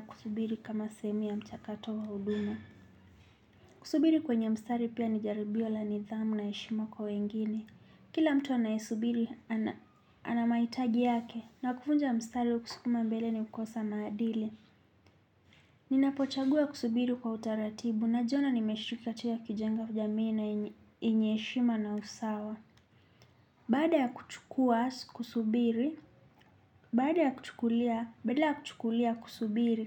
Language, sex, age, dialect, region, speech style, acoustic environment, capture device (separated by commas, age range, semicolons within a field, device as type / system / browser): Swahili, male, 25-34, Kenya - Nairobi Sheng-influenced, Kenya, relaxed, nature, desktop / Linux / Chrome